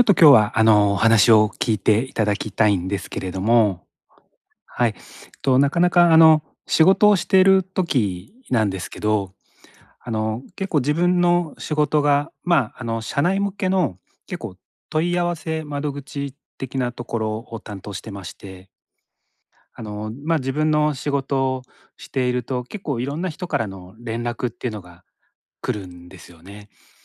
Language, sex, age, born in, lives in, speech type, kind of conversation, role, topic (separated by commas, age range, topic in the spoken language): Japanese, male, 45-49, Japan, Japan, conversation, advice, user, 気が散るのを減らして集中する方法